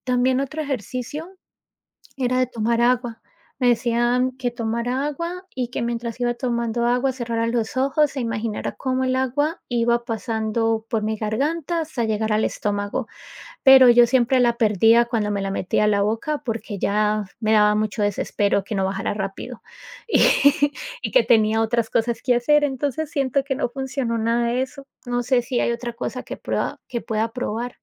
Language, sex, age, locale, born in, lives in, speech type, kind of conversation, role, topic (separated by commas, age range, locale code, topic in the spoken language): Spanish, female, 35-39, es-CO, United States, United States, conversation, advice, user, ¿Cómo te has sentido cuando el estrés diario te abruma y no logras calmar la mente?